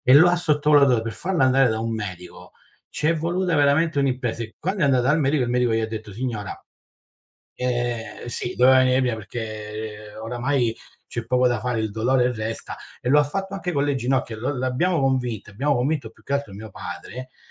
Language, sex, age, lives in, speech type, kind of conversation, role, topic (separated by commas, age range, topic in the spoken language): Italian, male, 40-44, Italy, conversation, unstructured, none, Pensi che la società capisca davvero la depressione?